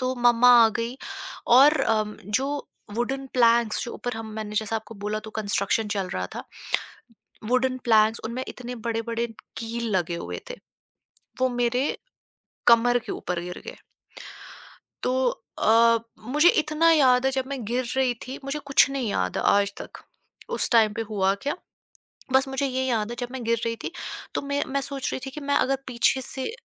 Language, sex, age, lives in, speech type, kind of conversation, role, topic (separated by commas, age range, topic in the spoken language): Hindi, female, 25-29, India, conversation, podcast, guest, Kya aapke saath kabhi koi aisa haadsa ya baal-baal bachaav hua hai jo aapko aaj tak yaad ho?